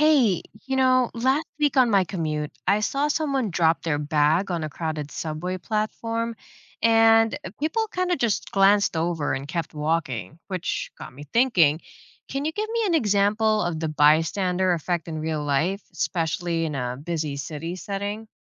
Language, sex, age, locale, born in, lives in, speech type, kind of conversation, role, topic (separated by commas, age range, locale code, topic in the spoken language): English, female, 30-34, en-US, Philippines, United States, read, expert-assistant, asker, What is a real-life situation that illustrates the bystander effect?